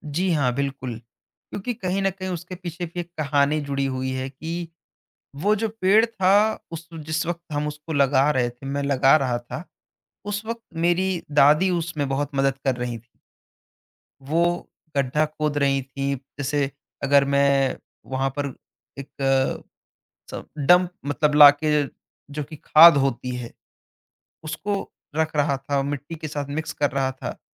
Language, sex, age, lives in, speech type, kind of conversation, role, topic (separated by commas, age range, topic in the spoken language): Hindi, male, 20-24, India, conversation, podcast, guest, Nature ne tumhe resilience kaise sikhayi?